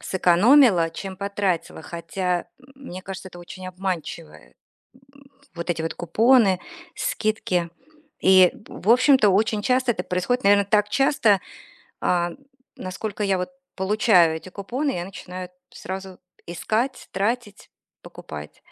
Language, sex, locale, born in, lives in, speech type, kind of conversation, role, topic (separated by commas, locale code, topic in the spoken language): Russian, female, ru-RU, Russia, United States, conversation, advice, user, Почему я постоянно совершаю импульсивные покупки вещей, которые быстро становятся ненужными?